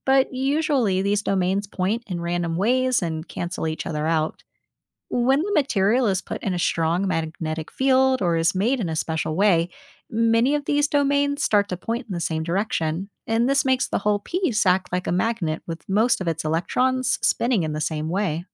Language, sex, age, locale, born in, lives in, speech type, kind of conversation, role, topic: English, female, 30-34, en-US, United States, United States, read, expert-assistant, expert, What makes magnets attract or repel each other?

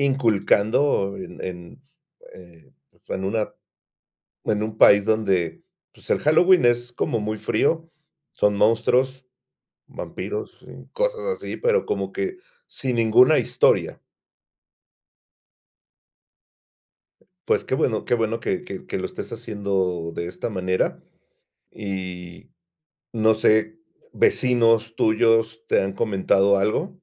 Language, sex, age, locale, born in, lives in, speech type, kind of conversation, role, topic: Spanish, male, 50-54, es-MX, Mexico, Mexico, conversation, podcast, host, ¿Cómo ha cambiado la tradición en tu familia a raíz de la migración?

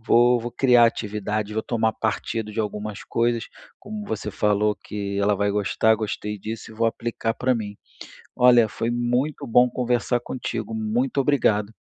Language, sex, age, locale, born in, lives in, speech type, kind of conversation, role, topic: Portuguese, male, 35-39, pt-BR, Brazil, Spain, conversation, advice, user, Como posso estabelecer limites claros entre o trabalho e a vida pessoal?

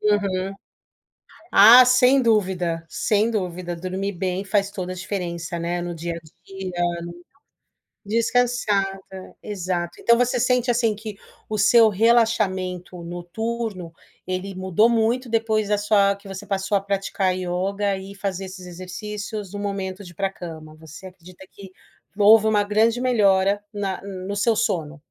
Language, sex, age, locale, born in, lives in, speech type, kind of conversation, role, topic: Portuguese, female, 40-44, pt-BR, Brazil, United States, conversation, podcast, host, Que passatempo você recomenda para quem quer relaxar de verdade?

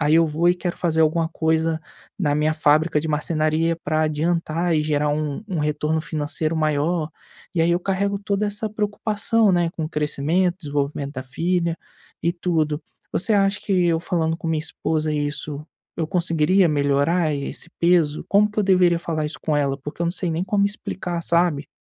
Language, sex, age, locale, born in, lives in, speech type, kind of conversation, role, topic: Portuguese, male, 25-29, pt-BR, Brazil, Spain, conversation, advice, user, Como posso tirar tempo para mim sem me sentir culpado?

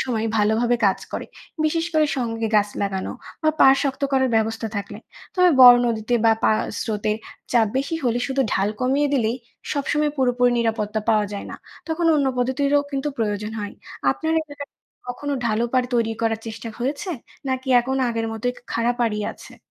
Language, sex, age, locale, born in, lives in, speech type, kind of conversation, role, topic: Bengali, female, 20-24, bn-BD, Bangladesh, Bangladesh, read, expert-assistant, expert, নদীভাঙন কমাতে কোন কোন কার্যকর পদ্ধতি নেওয়া যায়?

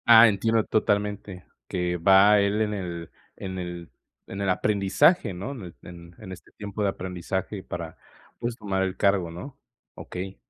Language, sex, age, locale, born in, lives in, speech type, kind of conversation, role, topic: Spanish, male, 20-24, es-MX, Mexico, Mexico, conversation, advice, advisor, ¿Cómo podemos ponernos de acuerdo sobre la disciplina sin pelear?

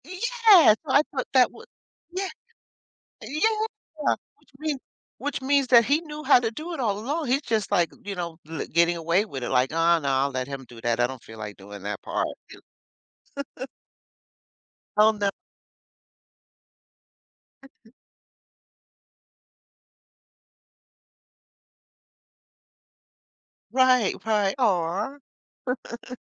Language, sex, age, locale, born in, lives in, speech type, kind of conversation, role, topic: English, female, 60-64, en-US, United States, United States, conversation, unstructured, none, What movie scene should I watch to guarantee a laugh, and why?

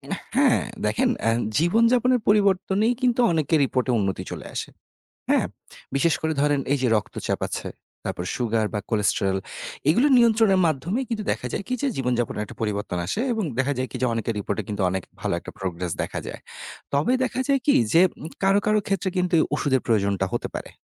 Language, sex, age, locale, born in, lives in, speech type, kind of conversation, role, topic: Bengali, male, 30-34, bn-BD, Bangladesh, Bangladesh, read, expert-assistant, expert, একই রিপোর্ট দেখে চিকিৎসকেরা আলাদা সিদ্ধান্ত নেন কেন?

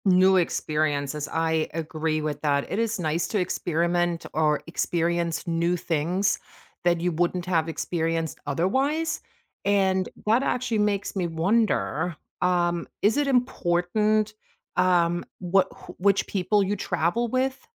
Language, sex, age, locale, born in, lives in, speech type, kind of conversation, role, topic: English, female, 45-49, en-DE, Germany, United States, conversation, podcast, host, What experiences or moments make travel especially meaningful to you?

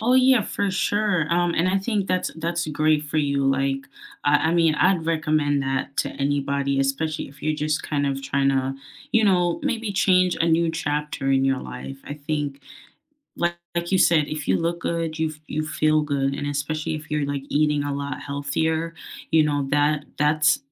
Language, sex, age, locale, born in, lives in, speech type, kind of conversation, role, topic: English, female, 25-29, en-US, United States, United States, conversation, unstructured, none, Which small morning rituals help set a positive tone for your day, and what is the story behind them?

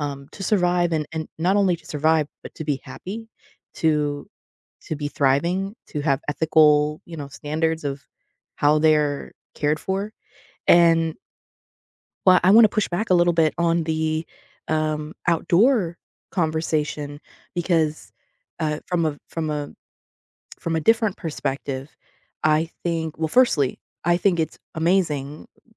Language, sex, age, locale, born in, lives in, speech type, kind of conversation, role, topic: English, female, 25-29, en-US, United States, United States, conversation, unstructured, none, How do you feel about keeping wild animals as pets?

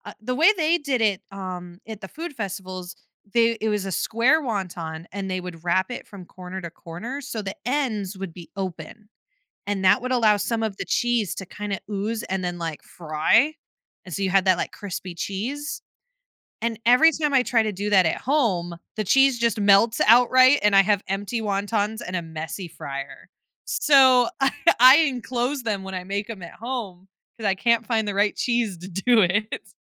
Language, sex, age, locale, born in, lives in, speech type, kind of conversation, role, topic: English, female, 25-29, en-US, United States, United States, conversation, podcast, guest, Why do you think street food holds such a special place in so many cultures?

